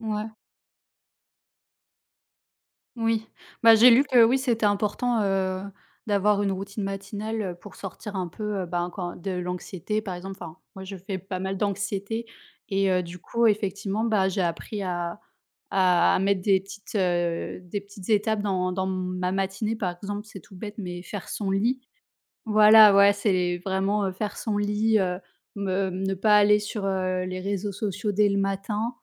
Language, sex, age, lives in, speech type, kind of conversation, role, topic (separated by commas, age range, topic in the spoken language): French, female, 30-34, France, conversation, unstructured, none, Qu’est-ce que tu apprécies dans ta routine matinale ?